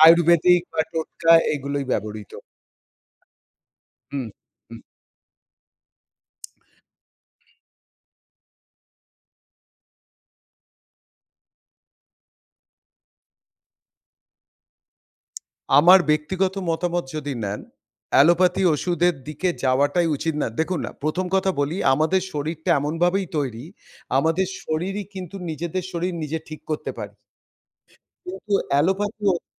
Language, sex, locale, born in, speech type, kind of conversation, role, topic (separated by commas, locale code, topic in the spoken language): Bengali, male, bn-IN, India, conversation, podcast, guest, আপনি কি হলুদ বা অন্যান্য ঘরোয়া টোটকা ব্যবহার করেন?